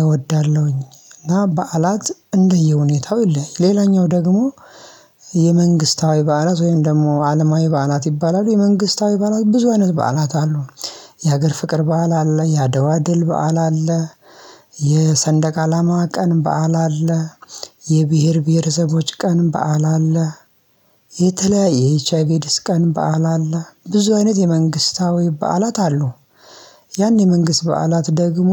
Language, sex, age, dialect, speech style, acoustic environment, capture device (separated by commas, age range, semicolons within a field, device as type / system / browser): Amharic, male, 25-34, Ethiopia - Addis Ababa, relaxed, silence, mobile / Linux / Chrome